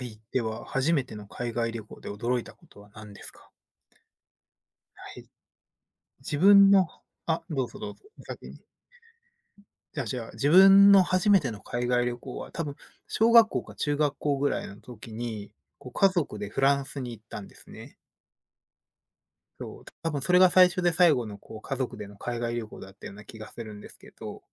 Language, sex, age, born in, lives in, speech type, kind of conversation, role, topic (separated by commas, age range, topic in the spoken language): Japanese, male, 30-34, Japan, United States, conversation, unstructured, none, 初めての海外旅行で一番驚いたことは何ですか？